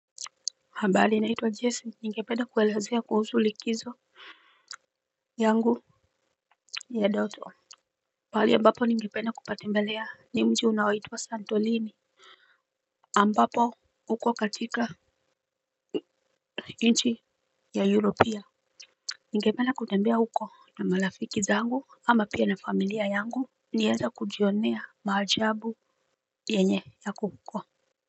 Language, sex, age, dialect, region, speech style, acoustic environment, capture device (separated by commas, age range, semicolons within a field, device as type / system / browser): Swahili, female, 35-44, Kenya - Nairobi Sheng-influenced, Kenya, focused, silence, mobile / Linux / Chrome